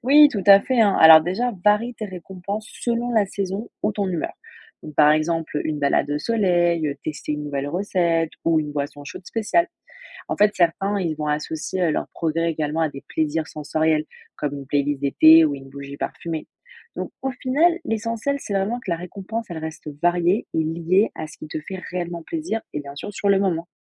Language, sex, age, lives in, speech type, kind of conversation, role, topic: French, female, 20-24, France, read, expert-assistant, expert, Comment fait-on pour éviter de retomber dans ses vieilles habitudes quand on veut changer quelque chose dans sa vie ?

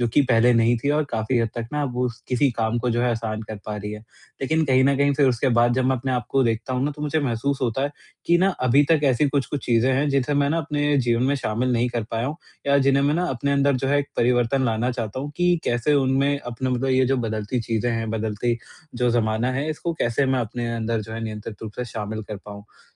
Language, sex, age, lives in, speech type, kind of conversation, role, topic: Hindi, male, 45-49, India, conversation, advice, user, तेज़ी से बदलती दुनिया में मैं अपने दैनिक जीवन में बदलावों के साथ खुद को कैसे ढाल सकता/सकती हूँ?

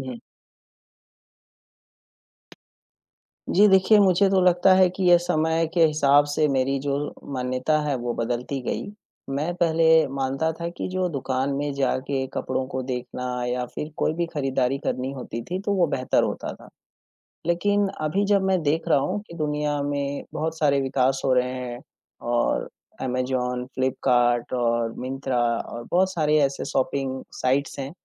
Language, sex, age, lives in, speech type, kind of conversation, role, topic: Hindi, male, 25-29, India, conversation, unstructured, none, ऑनलाइन खरीदारी और बाजार में खरीदारी में से आपको कौन-सा तरीका अधिक पसंद है?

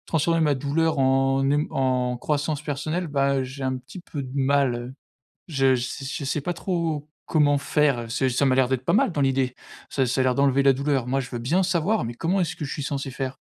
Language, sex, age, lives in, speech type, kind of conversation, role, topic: French, male, 25-29, France, conversation, advice, user, Comment puis-je transformer ma douleur après une rupture en véritable croissance personnelle ?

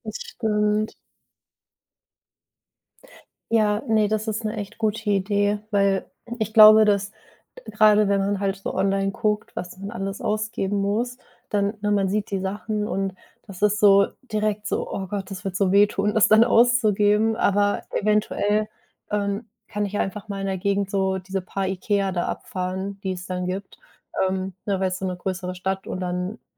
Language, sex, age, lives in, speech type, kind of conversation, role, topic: German, female, 25-29, Germany, conversation, advice, user, Wie kann ich weniger Angst um meine finanzielle Sicherheit haben?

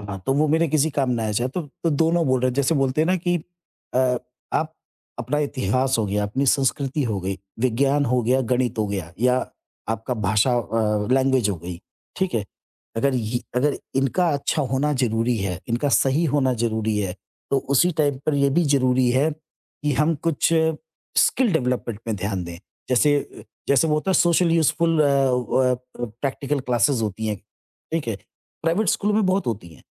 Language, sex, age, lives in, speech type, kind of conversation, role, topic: Hindi, male, 50-54, India, conversation, podcast, guest, Digital divide ko school level pe kaise kam kar sakte hain, yaar?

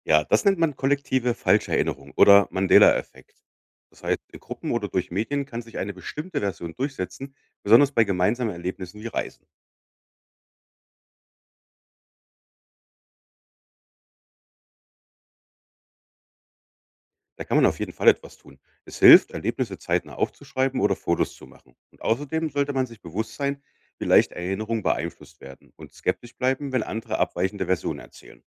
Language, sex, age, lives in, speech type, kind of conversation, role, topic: German, male, 35-39, Germany, read, expert-assistant, expert, Warum sind unsere Erinnerungen oft unzuverlässig?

